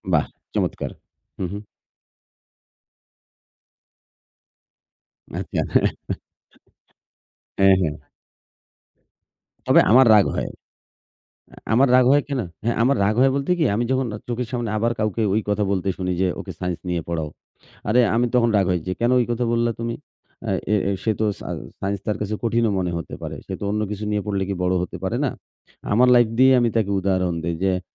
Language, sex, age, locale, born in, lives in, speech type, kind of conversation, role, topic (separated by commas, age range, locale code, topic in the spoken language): Bengali, male, 40-44, bn-BD, Bangladesh, Bangladesh, conversation, unstructured, none, তোমার জীবনের কোন স্মৃতি আজও তোমাকে রাগিয়ে তোলে?